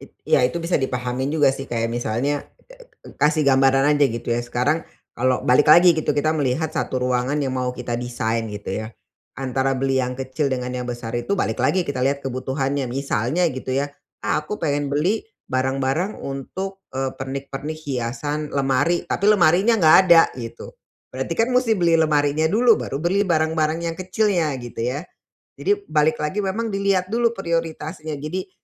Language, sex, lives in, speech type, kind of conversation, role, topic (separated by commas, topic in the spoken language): Indonesian, female, Indonesia, conversation, advice, advisor, Bagaimana cara menimbang kebutuhan dan keinginan saat memilih barang baru untuk rumah?